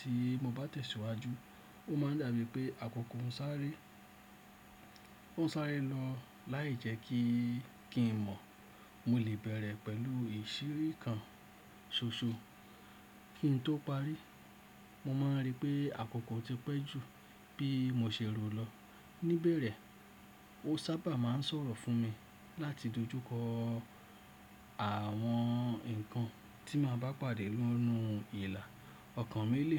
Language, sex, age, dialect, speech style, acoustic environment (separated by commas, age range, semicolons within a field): Yoruba, male, 35-44, Nigeria - Lagos Yoruba, happy; excited; focused; relaxed, silence